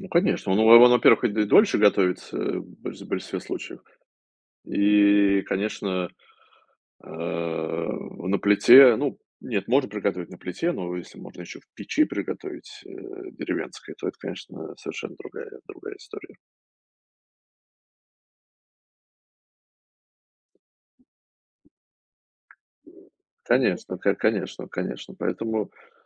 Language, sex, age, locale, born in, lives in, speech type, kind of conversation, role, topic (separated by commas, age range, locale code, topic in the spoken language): Russian, male, 35-39, ru-RU, Russia, United States, conversation, unstructured, none, Какая еда кажется тебе переоценённой?